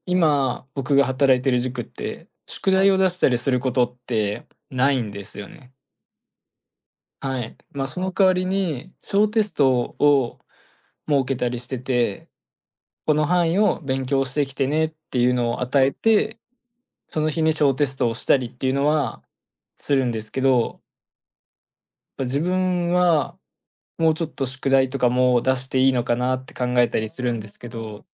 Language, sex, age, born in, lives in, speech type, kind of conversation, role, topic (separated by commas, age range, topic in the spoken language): Japanese, male, 20-24, Japan, Japan, conversation, advice, user, 仕事で自分らしさを出せず疲れているのはなぜですか？